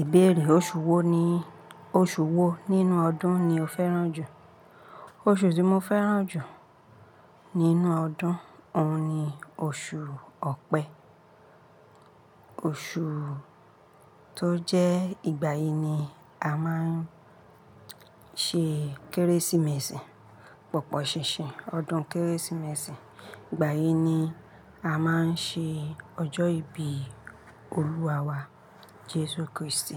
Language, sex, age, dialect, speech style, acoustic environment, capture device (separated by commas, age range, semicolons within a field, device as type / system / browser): Yoruba, female, 35-44, Nigeria - Ibadan, happy; relaxed; focused, silence; people talking; nature, mobile / Linux / Chrome